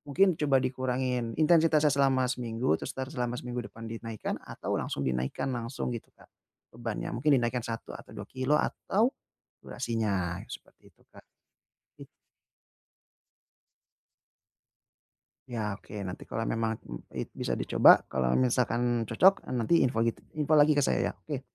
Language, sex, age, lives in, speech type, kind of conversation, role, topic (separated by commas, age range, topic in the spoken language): Indonesian, male, 30-34, Indonesia, conversation, advice, advisor, Bagaimana cara mengatasi stagnasi kebugaran dan mulai melihat kemajuan lagi?